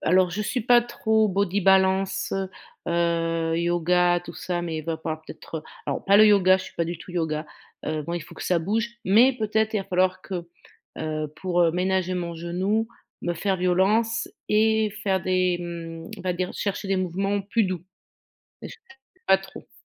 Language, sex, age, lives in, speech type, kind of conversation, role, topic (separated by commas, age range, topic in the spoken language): French, female, 50-54, France, conversation, advice, user, Pourquoi est-ce que je ne progresse plus malgré un entraînement régulier ?